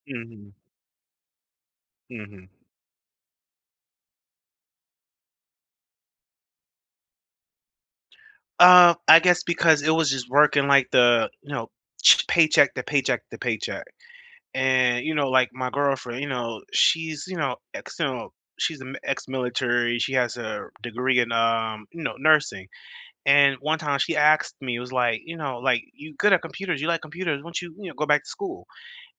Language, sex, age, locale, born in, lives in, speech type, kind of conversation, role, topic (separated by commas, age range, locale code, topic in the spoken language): English, male, 30-34, en-US, United States, United States, conversation, unstructured, none, How do you decide which risks are worth taking and who to trust at work?